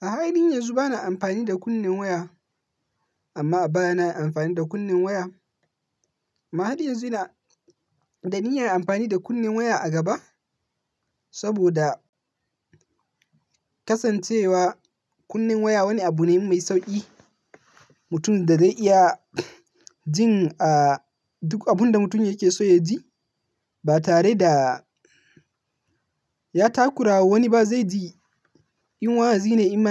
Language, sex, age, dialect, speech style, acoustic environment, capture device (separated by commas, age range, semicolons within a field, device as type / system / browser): Hausa, male, 18-24, Nigeria - Kano, happy; focused, nature; silence, mobile / Linux / Chrome